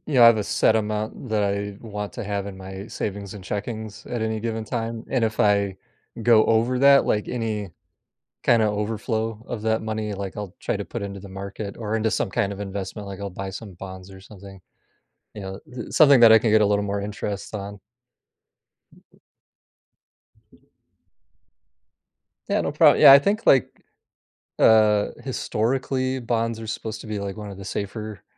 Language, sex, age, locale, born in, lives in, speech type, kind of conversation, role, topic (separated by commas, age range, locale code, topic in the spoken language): English, male, 35-39, en-US, United States, United States, conversation, podcast, host, How can you build a strong financial safety net for unexpected situations?